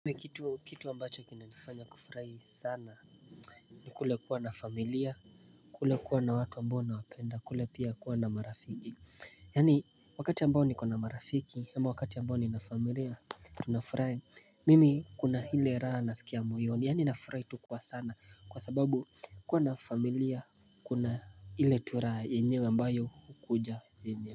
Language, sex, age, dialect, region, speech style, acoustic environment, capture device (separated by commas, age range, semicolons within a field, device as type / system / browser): Swahili, male, 18-24, Kenya - Mombasa, Kenya, happy, silence, mobile / Linux / Chrome